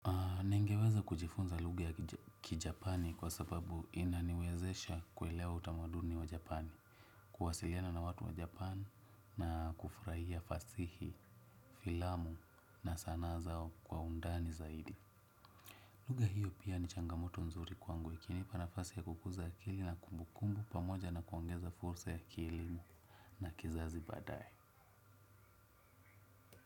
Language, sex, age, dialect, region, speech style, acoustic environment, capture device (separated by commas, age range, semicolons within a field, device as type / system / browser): Swahili, male, 25-34, Kenya - Nairobi Sheng-influenced, Kenya, happy; relaxed, nature; silence, desktop / Windows / Chrome